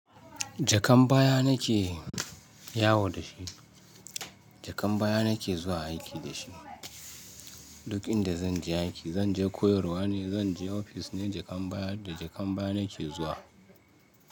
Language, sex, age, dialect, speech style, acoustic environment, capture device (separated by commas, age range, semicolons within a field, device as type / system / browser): Hausa, male, 35-44, Nigeria - Ibadan, relaxed, nature, mobile / Linux / Chrome